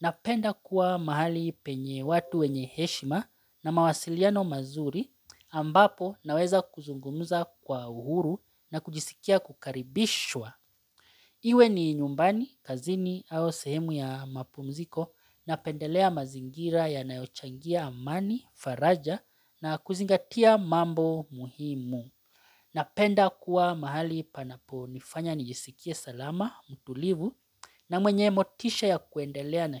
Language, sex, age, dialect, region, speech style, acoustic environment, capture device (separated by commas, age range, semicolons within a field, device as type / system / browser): Swahili, male, 35-44, Kenya - Mombasa, Kenya, relaxed, silence, mobile / Linux / Chrome